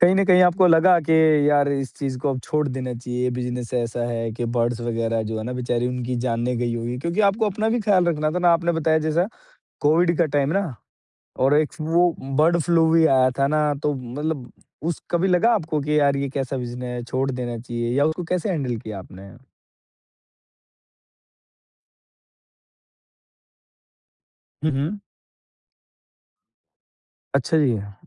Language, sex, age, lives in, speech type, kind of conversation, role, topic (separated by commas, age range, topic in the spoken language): Hindi, male, 35-39, India, conversation, podcast, host, Setbacks aane par tum motivate kaise rehte ho aur aage kaise badhte rehte ho?